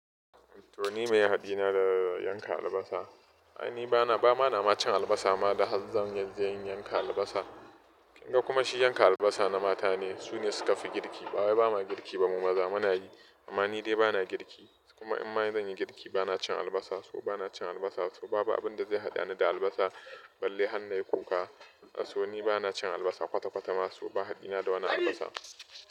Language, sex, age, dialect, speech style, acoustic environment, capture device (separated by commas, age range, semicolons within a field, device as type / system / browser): Hausa, male, 25-34, Nigeria - Nigerian Standard, happy; relaxed, construction, mobile / Linux / Chrome